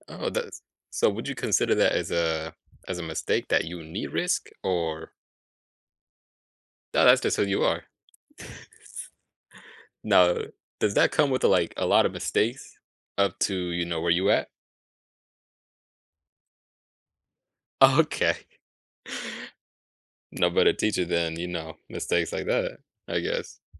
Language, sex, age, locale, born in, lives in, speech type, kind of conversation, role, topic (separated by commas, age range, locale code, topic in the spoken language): English, male, 20-24, en-US, United States, United States, conversation, podcast, host, How can mistakes help us grow and improve in life?